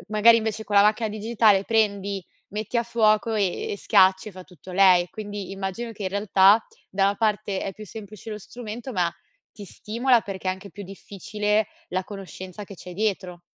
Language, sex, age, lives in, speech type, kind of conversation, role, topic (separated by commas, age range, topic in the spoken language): Italian, female, 25-29, Italy, conversation, podcast, host, Usi limiti o vincoli per stimolare la creatività, e in che modo?